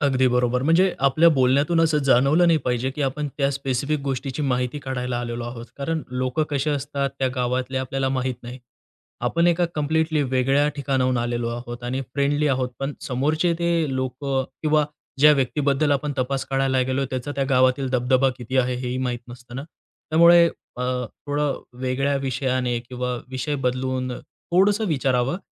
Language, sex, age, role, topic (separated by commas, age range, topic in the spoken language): Marathi, male, 45-49, guest, अज्ञात गावात तुम्ही सर्वात आधी काय कराल?